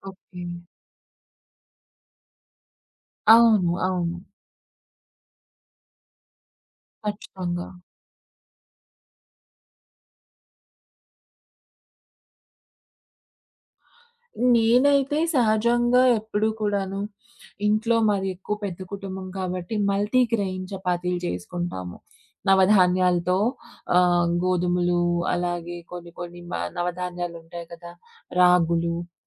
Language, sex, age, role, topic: Telugu, female, 25-29, guest, మీ ఇంట్లో ప్రత్యేకంగా ఉంచుకునే రహస్య మసాలా ఏదైనా ఉందా? దాని గురించి చెప్పగలరా?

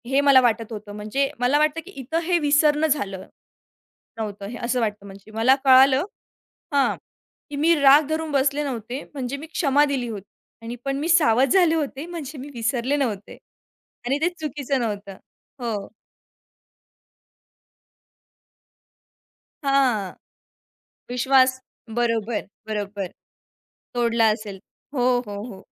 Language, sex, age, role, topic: Marathi, female, 40-44, guest, क्षमादान आणि विसरणे यांमध्ये तुला नेमका फरक कसा जाणवतो?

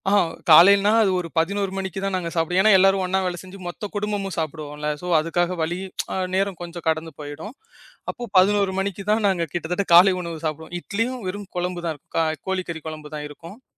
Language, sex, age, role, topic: Tamil, male, 25-29, guest, பண்டிகையை நினைவில் நிறுத்தும் அளவுக்கு சுவையான உணவுகளை எப்படி தயார் செய்வது?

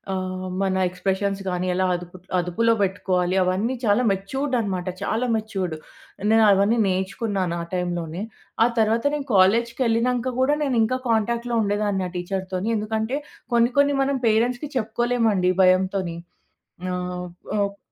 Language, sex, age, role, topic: Telugu, female, 30-34, guest, మీ జీవితాన్ని మార్చిన ఒక గురువు గురించి చెప్పగలరా?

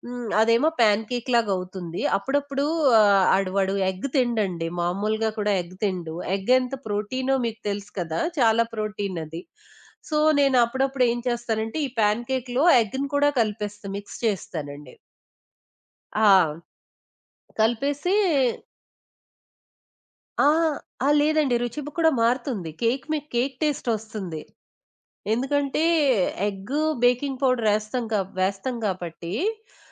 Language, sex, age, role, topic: Telugu, female, 20-24, guest, మీరు ఎప్పుడైనా అమ్మగారి లేదా అమ్మమ్మగారి వంటను మళ్లీ చేసి చూశారా, అప్పుడు మీకు ఎలా అనిపించింది?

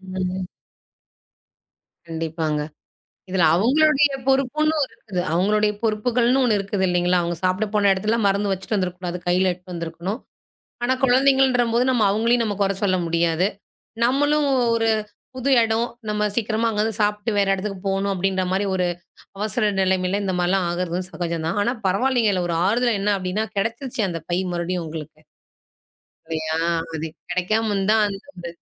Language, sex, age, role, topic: Tamil, female, 35-39, host, சுற்றுப்பயணத்தில் உங்கள் பையை இழந்த அனுபவம் இருந்ததா?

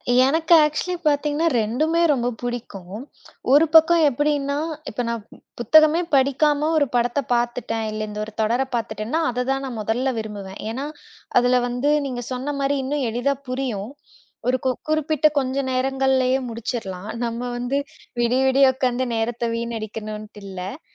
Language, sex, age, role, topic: Tamil, female, 25-29, guest, உங்களுக்கு எந்த வகையான தொடர்கள் நேரத்தை மறந்து போகச் செய்திருக்கின்றன?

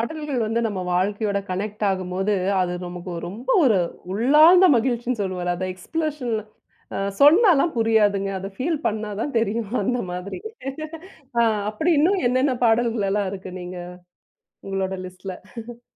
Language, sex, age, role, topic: Tamil, female, 35-39, host, எந்தப் பாடலை நீங்கள் அடிக்கடி மீண்டும் மீண்டும் கேட்கிறீர்கள், ஏன்?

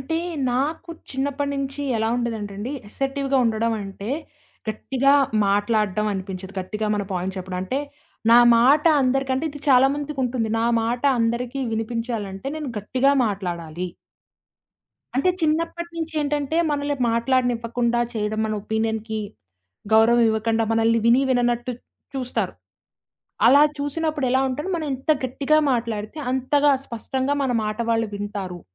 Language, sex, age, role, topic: Telugu, female, 20-24, guest, అసర్టివ్‌ మరియు ఆగ్రెసివ్‌ మధ్య తేడాను ఎలా గుర్తిస్తారు?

